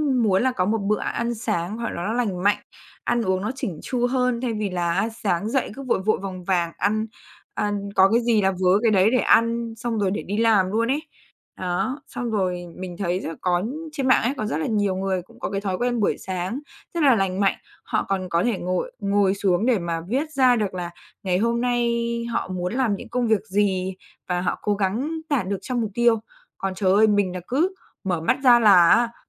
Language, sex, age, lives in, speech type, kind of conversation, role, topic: Vietnamese, female, 20-24, Vietnam, conversation, advice, user, Làm thế nào để dậy sớm đều đặn khi bạn thường xuyên ngủ nướng?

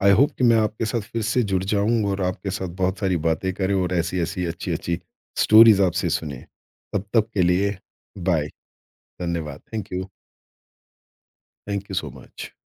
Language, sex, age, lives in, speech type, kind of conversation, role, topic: Hindi, male, 50-54, India, conversation, podcast, host, Kaunsi kahaani tumhe khamosh chintan mein le jaati hai?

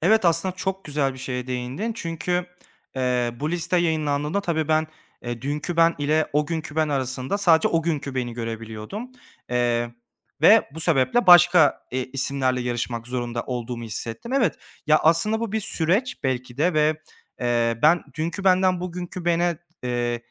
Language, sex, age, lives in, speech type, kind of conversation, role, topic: Turkish, male, 25-29, Germany, conversation, advice, user, Bir hata yaptıktan sonra kendimi nasıl toparlayıp aynı hatayı tekrarlamadan nasıl ilerleyebilirim?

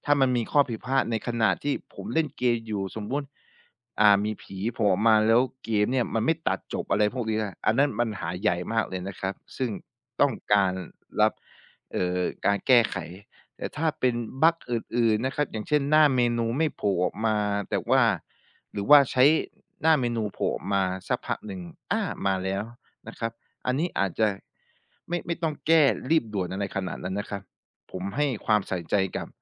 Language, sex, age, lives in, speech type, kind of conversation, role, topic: Thai, male, 25-29, Thailand, conversation, podcast, guest, คุณยอมแลกอะไรเพื่อความสำเร็จ?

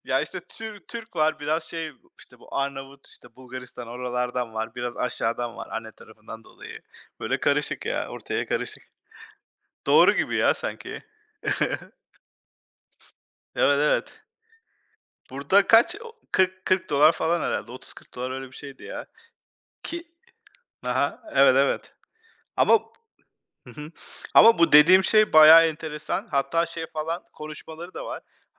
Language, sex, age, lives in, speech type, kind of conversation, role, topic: Turkish, male, 40-44, United States, conversation, unstructured, none, Tarihte en çok şaşırdığınız olay nedir?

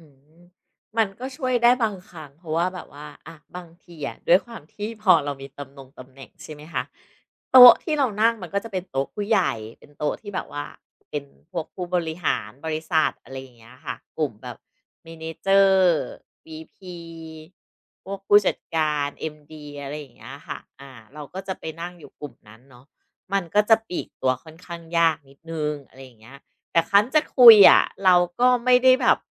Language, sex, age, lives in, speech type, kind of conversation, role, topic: Thai, female, 40-44, Thailand, conversation, advice, user, จะทำอย่างไรให้สนุกกับงานปาร์ตี้โดยไม่ต้องฝืนใจตัวเอง?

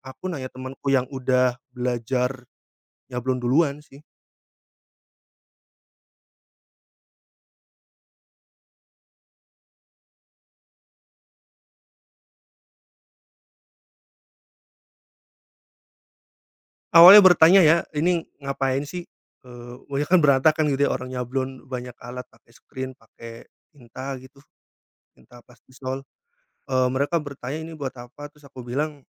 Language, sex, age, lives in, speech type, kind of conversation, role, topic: Indonesian, male, 30-34, Indonesia, conversation, podcast, guest, Ceritakan pengalaman belajar di luar kelas yang paling berkesan bagi kamu?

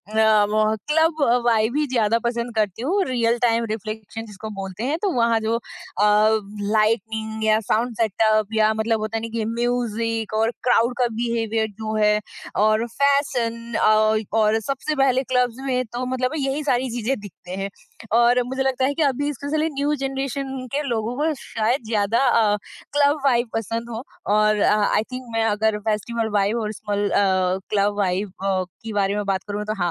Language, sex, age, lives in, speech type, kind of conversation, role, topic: Hindi, female, 30-34, India, conversation, podcast, guest, Festival vibes aur chhote club concerts mein aapko kya fark mehsoos hota hai?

